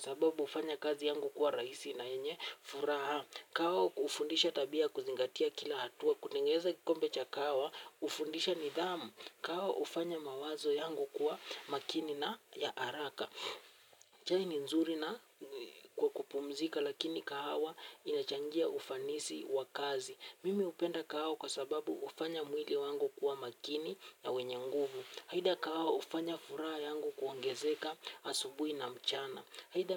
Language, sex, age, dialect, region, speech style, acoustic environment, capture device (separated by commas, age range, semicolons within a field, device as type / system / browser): Swahili, male, 18-24, Kenya - Nairobi Sheng-influenced, Kenya, relaxed; happy, music; nature, mobile / Linux / Chrome